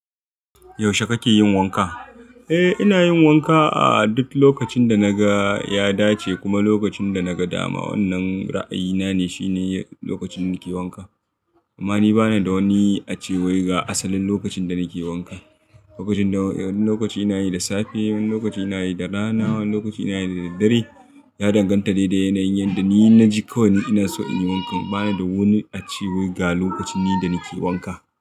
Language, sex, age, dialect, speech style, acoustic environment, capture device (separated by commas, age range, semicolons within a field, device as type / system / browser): Hausa, male, 25-34, Nigeria - Kano, happy, people talking, mobile / Linux / Chrome